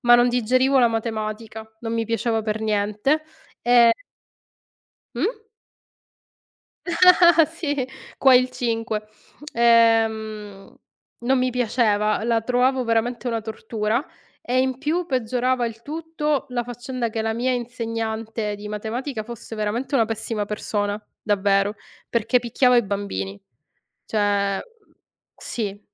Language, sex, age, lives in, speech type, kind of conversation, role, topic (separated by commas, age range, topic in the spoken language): Italian, female, 35-39, Italy, conversation, unstructured, none, In che modo il tuo primo giorno di scuola ti ha influenzato?